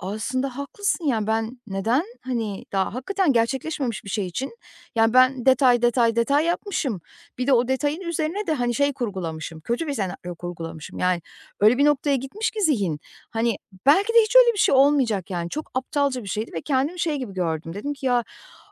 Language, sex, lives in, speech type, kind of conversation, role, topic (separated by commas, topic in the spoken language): Turkish, female, Poland, conversation, advice, user, Zihnim durmadan kötü senaryolar kuruyor ve rahatlayamıyorum; bununla nasıl başa çıkabilirim?